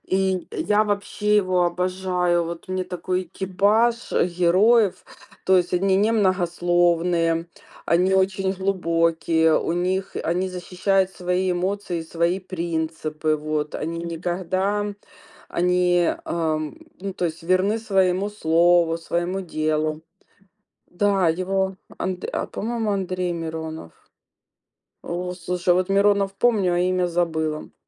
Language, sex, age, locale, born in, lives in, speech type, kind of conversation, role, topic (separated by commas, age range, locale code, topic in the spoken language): Russian, female, 45-49, ru-RU, Ukraine, Spain, conversation, unstructured, none, Какой фильм или сериал ты можешь пересматривать снова и снова?